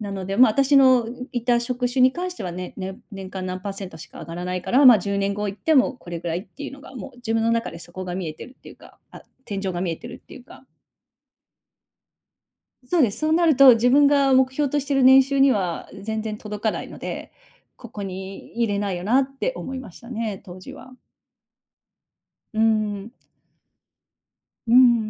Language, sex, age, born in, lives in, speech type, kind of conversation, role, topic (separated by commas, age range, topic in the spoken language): Japanese, female, 40-44, Japan, United States, conversation, podcast, guest, 給料とやりがい、どちらを優先しますか？